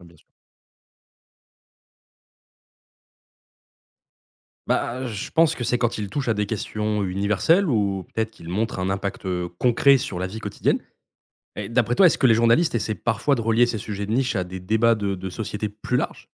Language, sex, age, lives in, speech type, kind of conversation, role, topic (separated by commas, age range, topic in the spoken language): French, male, 25-29, France, read, expert-assistant, asker, Comment un journaliste s’y prend-il pour trouver des sujets intéressants au quotidien ?